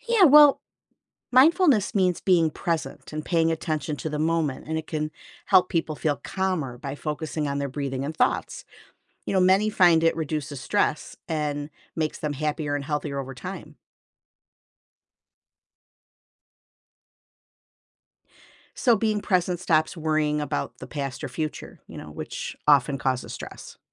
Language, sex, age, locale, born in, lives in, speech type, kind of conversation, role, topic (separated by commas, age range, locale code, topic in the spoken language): English, female, 55-59, en-US, United States, United States, read, expert-assistant, expert, What are the advantages of practicing mindfulness?